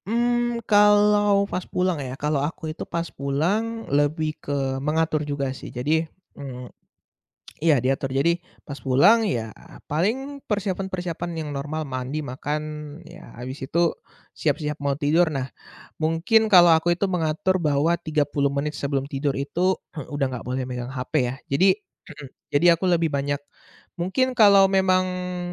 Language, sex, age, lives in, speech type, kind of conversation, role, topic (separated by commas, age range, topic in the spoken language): Indonesian, male, 20-24, Indonesia, conversation, podcast, guest, Apakah kamu punya kebiasaan detoks digital, dan bagaimana pengaruhnya dalam hidupmu?